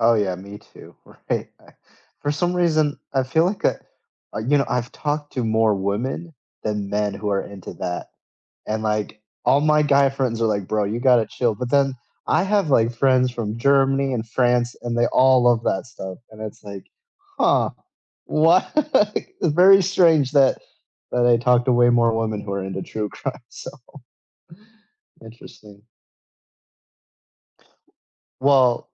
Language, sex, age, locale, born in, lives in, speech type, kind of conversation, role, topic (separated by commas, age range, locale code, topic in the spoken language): English, male, 25-29, en-US, United States, United States, conversation, unstructured, none, Where do you love watching movies—at home or in theaters—and what makes it special for you?